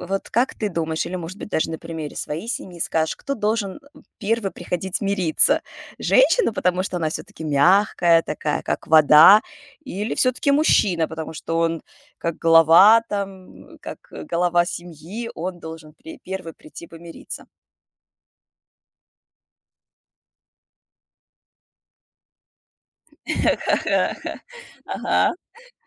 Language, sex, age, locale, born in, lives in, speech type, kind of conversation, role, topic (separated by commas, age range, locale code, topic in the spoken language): Russian, female, 35-39, ru-RU, Russia, United States, conversation, podcast, host, Что важно учитывать при выборе партнёра на всю жизнь?